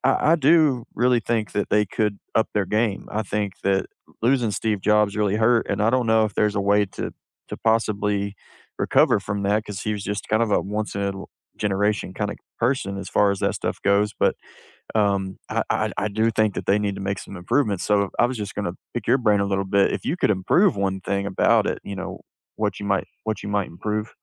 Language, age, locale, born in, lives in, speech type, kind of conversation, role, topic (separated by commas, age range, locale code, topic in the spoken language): English, 30-34, en-US, United States, United States, conversation, unstructured, none, What surprised you most when you switched from iOS to Android, and how did it change your everyday life?